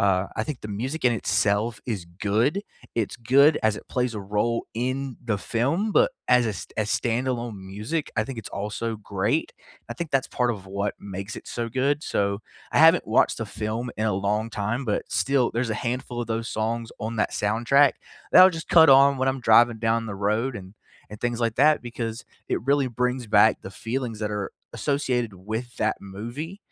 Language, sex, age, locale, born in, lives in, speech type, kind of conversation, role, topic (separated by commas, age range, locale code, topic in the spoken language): English, male, 25-29, en-US, United States, United States, conversation, unstructured, none, Which soundtracks or musical scores transformed scenes for you, and why did they resonate personally?